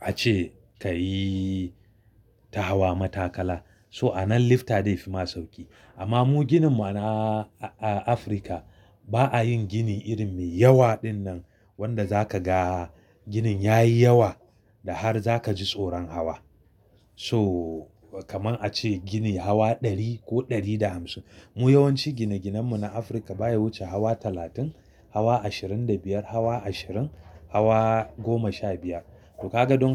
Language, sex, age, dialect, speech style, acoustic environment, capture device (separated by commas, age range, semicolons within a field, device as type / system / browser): Hausa, male, 35-44, Nigeria - Kano, excited; happy, silence, mobile / Linux / Chrome